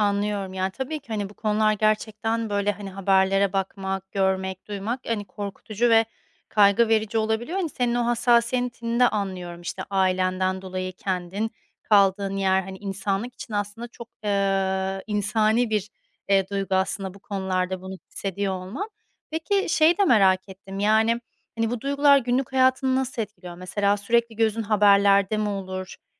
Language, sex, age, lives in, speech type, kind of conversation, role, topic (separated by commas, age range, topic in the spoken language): Turkish, female, 30-34, Spain, conversation, advice, advisor, Kontrolüm dışında olan değişikliklerle nasıl daha rahat başa çıkabilirim?